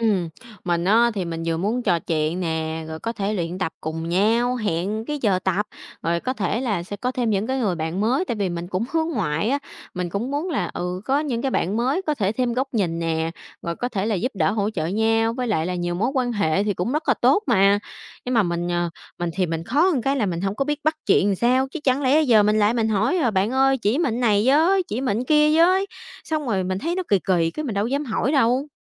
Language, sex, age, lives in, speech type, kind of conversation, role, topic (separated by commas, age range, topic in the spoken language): Vietnamese, female, 25-29, Vietnam, conversation, advice, user, Làm sao để bắt chuyện và kết nối với người lạ một cách tự nhiên?